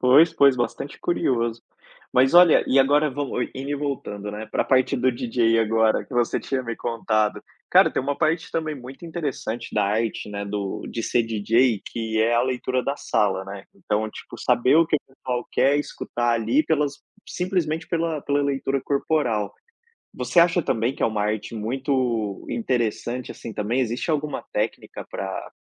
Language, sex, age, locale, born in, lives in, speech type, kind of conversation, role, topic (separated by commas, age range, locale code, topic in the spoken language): Portuguese, male, 30-34, pt-BR, Brazil, Spain, conversation, podcast, host, Como esse hobby te conecta com outras pessoas ou comunidades?